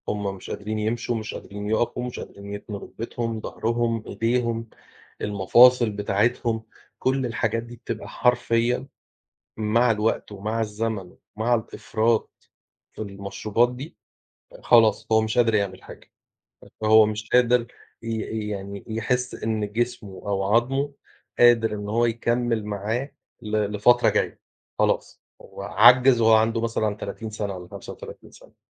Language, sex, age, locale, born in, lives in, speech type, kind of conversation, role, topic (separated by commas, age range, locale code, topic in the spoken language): Arabic, male, 40-44, ar-EG, Egypt, Portugal, conversation, unstructured, none, هل المفروض الشركات اللي بتروّج للأكل الضار تتعاقب؟